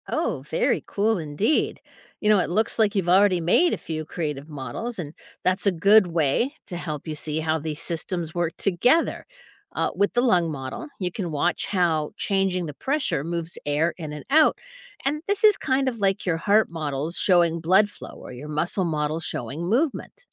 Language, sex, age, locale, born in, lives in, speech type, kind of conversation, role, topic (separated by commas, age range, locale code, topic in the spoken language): English, female, 55-59, en-US, United States, United States, read, expert-assistant, expert, How can a human lung model be made with balloons?